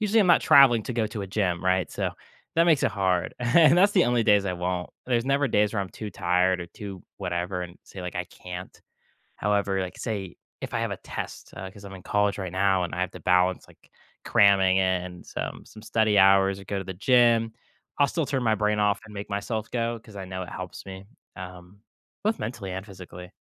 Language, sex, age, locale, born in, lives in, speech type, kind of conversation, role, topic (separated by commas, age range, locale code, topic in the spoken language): English, male, 18-19, en-US, United States, United States, conversation, podcast, guest, What helps you find a healthy balance between enjoying the present and working toward your future goals?